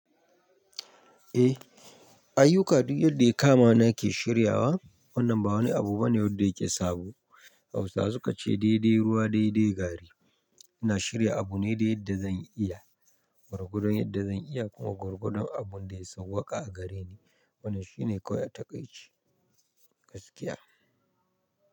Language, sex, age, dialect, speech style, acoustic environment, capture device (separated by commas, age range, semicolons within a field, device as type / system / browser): Hausa, male, 25-34, Nigeria - Nigerian Standard, happy; relaxed, nature, mobile / Linux / Chrome